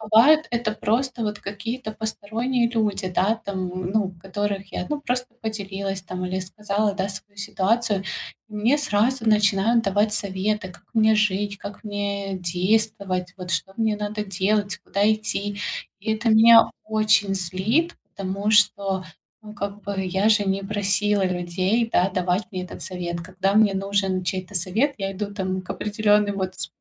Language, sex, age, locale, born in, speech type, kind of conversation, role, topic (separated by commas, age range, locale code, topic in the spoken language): Russian, female, 35-39, ru-RU, Ukraine, conversation, advice, user, Как мне вежливо обозначить личные границы и остановить навязанные советы?